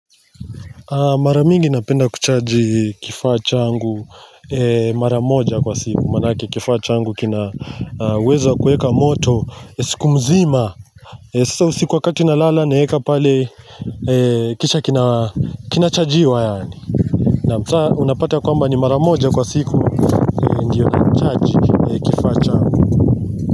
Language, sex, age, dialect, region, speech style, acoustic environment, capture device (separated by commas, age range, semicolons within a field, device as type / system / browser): Swahili, male, 25-34, Kenya - Mombasa, Kenya, happy; excited; focused, nature, mobile / Linux / Chrome